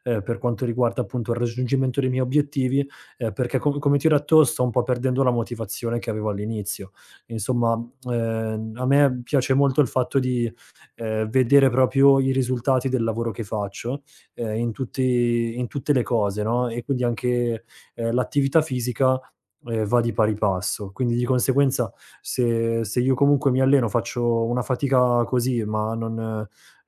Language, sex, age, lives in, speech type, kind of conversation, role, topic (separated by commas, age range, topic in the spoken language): Italian, male, 30-34, Italy, conversation, advice, user, Perché i miei progressi sono così lenti nonostante mi impegni con costanza?